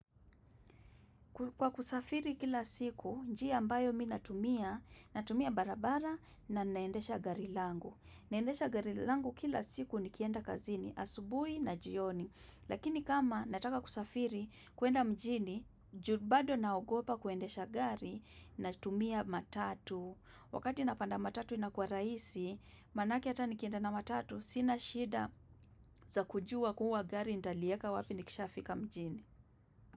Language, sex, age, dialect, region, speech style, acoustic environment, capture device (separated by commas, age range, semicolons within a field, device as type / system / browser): Swahili, female, 25-34, Kenya - Nairobi Sheng-influenced, Kenya, relaxed, silence, desktop / macOS / Chrome